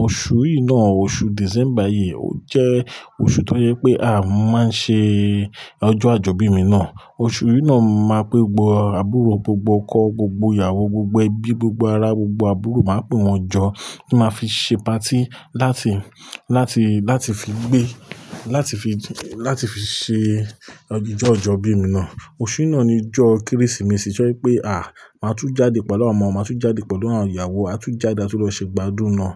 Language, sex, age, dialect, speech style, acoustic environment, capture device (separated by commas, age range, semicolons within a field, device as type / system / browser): Yoruba, male, 35-44, Nigeria - Lagos Yoruba, focused; excited, appliances, mobile / Linux / Chrome